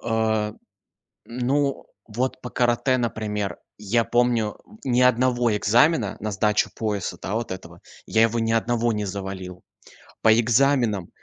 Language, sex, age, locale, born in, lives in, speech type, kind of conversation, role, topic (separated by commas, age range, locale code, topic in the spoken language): Russian, male, 20-24, ru-RU, Russia, Germany, conversation, advice, user, Как справиться с тревогой и сомнениями перед важным экзаменом или сертификацией?